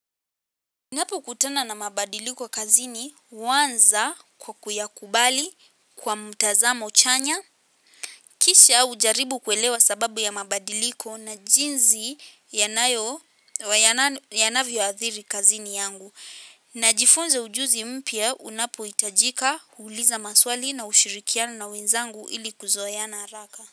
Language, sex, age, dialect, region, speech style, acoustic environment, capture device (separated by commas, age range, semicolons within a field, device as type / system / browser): Swahili, female, 18-24, Kenya - Nairobi Sheng-influenced, Kenya, happy, silence, mobile / Linux / Chrome